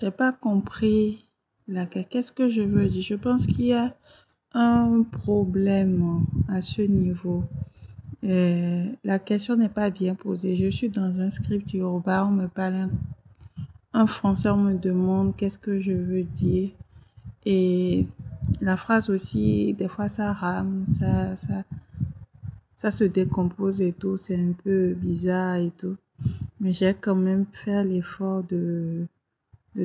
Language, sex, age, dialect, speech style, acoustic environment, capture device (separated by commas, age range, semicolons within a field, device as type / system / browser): Yoruba, female, 25-34, Nigeria - Ijebu, happy; focused, people talking; music; nature, mobile / Linux / Chrome